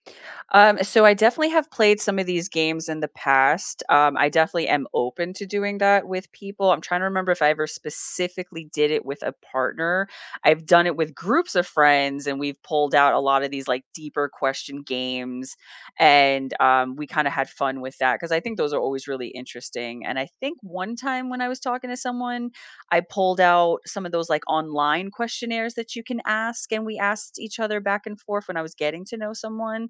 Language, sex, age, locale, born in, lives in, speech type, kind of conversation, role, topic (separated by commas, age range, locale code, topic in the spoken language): English, female, 40-44, en-US, United States, United States, conversation, unstructured, none, What is a low-pressure way to check in about relationship needs and wants?